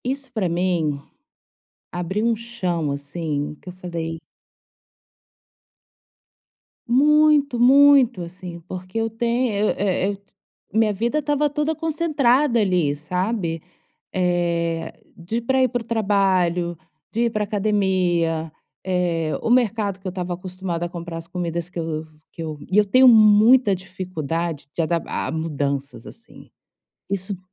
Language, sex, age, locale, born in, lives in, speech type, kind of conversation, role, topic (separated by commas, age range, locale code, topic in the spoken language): Portuguese, female, 35-39, pt-BR, Brazil, Spain, conversation, advice, user, Como posso manter a calma diante de mudanças inesperadas?